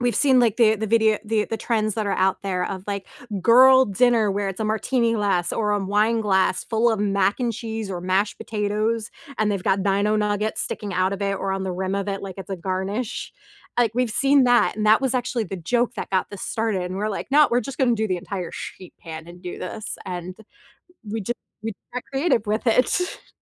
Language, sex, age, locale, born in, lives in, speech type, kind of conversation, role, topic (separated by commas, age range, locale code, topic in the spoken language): English, female, 30-34, en-US, United States, United States, conversation, unstructured, none, What is the best meal you have had recently, and why did it stand out?